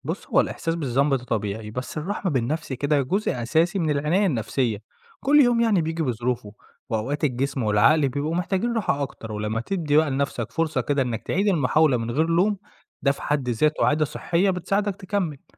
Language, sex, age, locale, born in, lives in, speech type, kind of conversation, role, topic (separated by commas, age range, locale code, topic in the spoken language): Arabic, male, 25-29, ar-EG, Egypt, Egypt, read, expert-assistant, expert, إزاي أتعلم أبني عادات يومية بسيطة تحافظ على صحتي النفسية حتى وسط الزحمة والضغوط؟